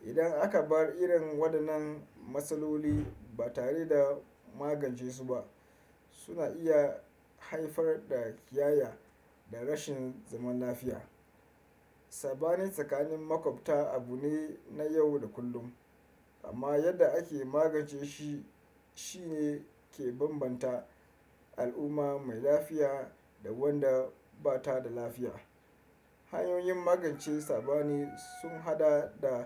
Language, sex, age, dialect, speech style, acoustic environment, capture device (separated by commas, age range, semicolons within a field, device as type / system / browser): Hausa, male, 25-34, Nigeria - Kano, relaxed, nature, desktop / Windows / Chrome